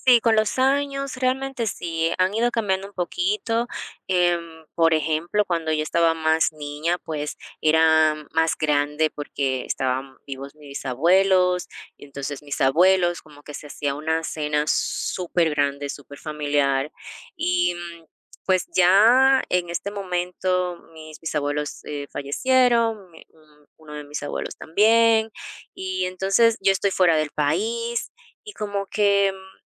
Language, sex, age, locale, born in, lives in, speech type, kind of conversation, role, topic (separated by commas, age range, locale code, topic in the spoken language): Spanish, female, 30-34, es-DO, Dominican Republic, United States, conversation, unstructured, none, ¿Cómo celebran las fiestas importantes en tu familia?